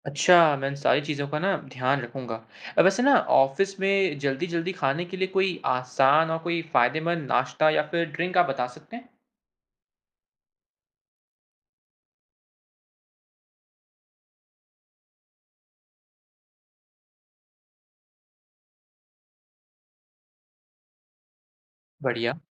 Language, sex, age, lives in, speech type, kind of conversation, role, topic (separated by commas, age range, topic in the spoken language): Hindi, male, 20-24, India, read, expert-assistant, asker, अगर कोई स्ट्रेंथ ट्रेनिंग शुरू करना चाहता है, तो उसे कहां से शुरुआत करनी चाहिए और बेहतर सीखने के लिए किन आसान तरीकों को अपनाना चाहिए?